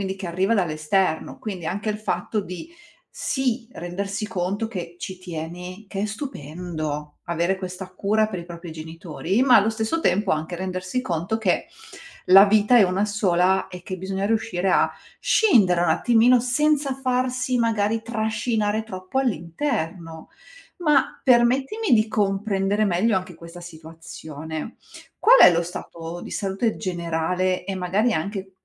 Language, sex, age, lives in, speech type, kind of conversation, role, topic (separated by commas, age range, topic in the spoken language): Italian, female, 40-44, Italy, conversation, advice, advisor, Come ti prendi cura dei tuoi genitori anziani?